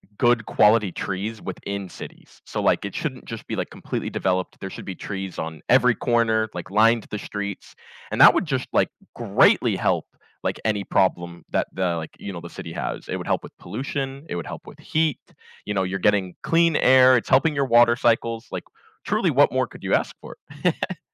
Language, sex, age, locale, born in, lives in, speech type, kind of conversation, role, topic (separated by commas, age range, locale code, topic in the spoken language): English, male, 20-24, en-US, United States, United States, conversation, podcast, guest, Why are trees essential for a healthy environment?